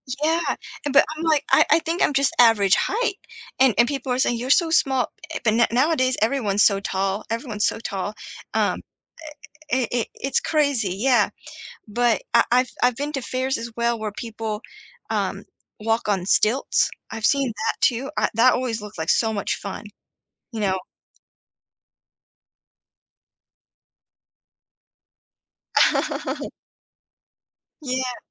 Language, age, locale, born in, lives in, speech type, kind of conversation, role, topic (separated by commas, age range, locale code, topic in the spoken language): English, 30-34, en-US, United States, United States, conversation, unstructured, none, What do you enjoy most about community festivals or fairs?